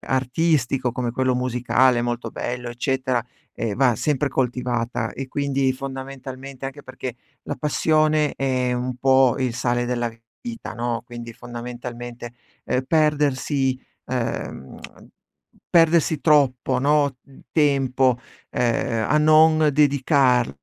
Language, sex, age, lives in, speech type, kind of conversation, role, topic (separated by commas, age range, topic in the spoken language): Italian, male, 40-44, Italy, conversation, advice, advisor, Come posso proteggere le mie sessioni creative dalle interruzioni quotidiane?